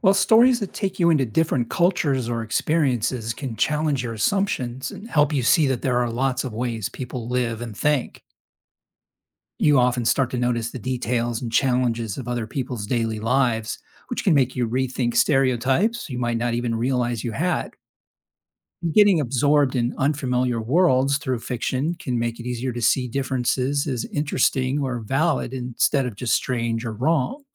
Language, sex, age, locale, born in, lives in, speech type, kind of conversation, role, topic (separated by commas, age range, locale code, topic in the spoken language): English, male, 55-59, en-US, United States, United States, read, expert-assistant, expert, Can reading fiction increase empathy and understanding?